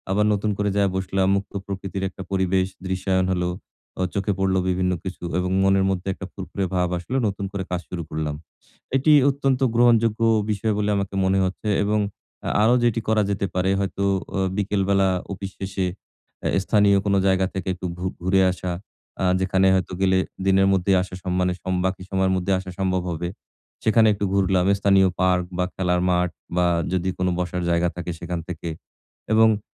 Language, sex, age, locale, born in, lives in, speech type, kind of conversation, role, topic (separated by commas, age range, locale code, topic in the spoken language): Bengali, male, 40-44, bn-BD, Bangladesh, Bangladesh, conversation, advice, user, দৈনন্দিন পরিবেশ আমাকে সৃজনশীলতা থেকে বঞ্চিত করছে—মুক্তি পাব কীভাবে?